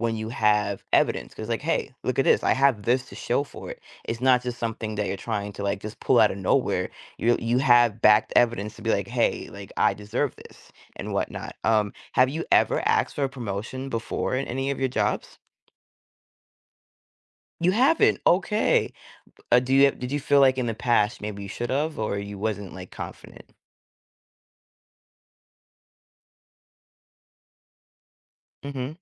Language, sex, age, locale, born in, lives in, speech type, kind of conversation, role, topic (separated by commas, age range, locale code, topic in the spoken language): English, female, 30-34, en-US, United States, United States, conversation, advice, advisor, How can I confidently ask for a raise or promotion at my job?